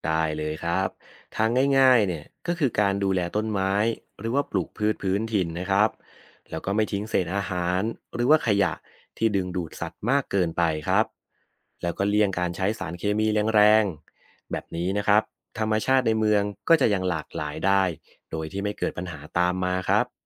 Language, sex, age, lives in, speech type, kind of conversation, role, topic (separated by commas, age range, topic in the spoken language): Thai, male, 30-34, Thailand, read, expert-assistant, expert, ถ้าระบบนิเวศเหมือนกับทีมฟุตบอล ทีมแต่ละตำแหน่งจะเปรียบได้กับสิ่งมีชีวิตประเภทไหนบ้าง?